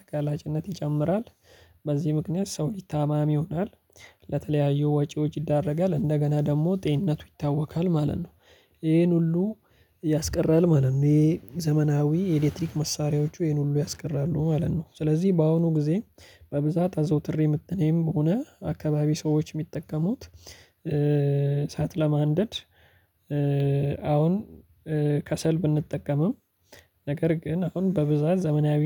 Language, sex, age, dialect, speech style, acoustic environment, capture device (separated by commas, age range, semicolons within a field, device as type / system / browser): Amharic, male, 25-34, Ethiopia - Addis Ababa, focused, silence, mobile / Linux / Chrome